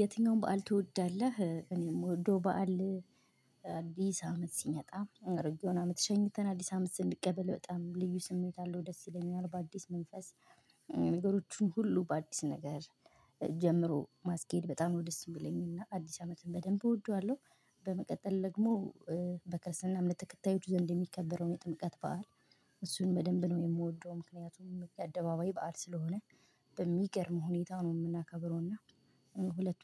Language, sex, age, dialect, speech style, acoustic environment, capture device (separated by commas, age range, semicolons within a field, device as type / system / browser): Amharic, female, 25-34, Ethiopia - Gojjam, excited; happy; relaxed, silence, mobile / Linux / Chrome